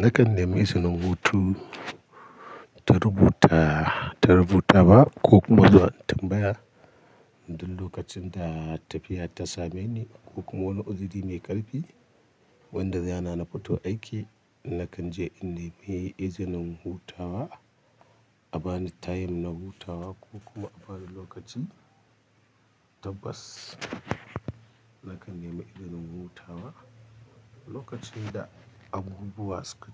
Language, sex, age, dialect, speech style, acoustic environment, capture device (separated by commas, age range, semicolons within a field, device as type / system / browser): Hausa, male, 35-44, Nigeria - Kano, happy, nature, mobile / Linux / Chrome